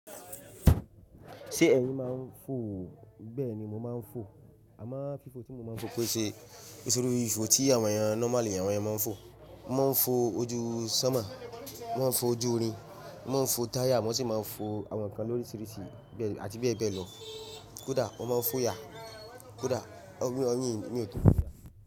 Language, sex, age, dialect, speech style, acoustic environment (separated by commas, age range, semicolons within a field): Yoruba, male, 25-34, Nigeria - Ibadan, relaxed; focused, silence